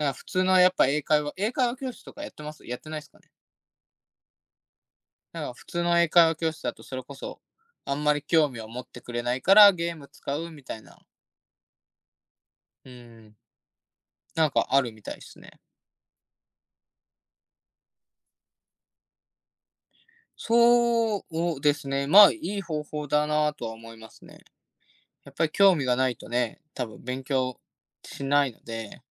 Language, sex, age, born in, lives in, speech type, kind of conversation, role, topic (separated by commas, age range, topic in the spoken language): Japanese, male, 20-24, Japan, Japan, conversation, unstructured, none, 漫画やアニメの魅力は何だと思いますか？